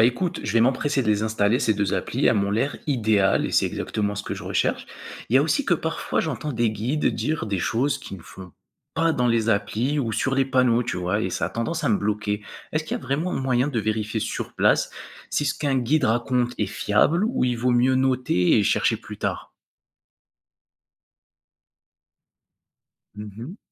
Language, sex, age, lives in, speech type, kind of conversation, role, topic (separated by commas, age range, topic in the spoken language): French, male, 20-24, France, read, expert-assistant, asker, Quand on s’intéresse à la géologie, comment savoir si une information est vraiment fiable ou juste une idée reçue ?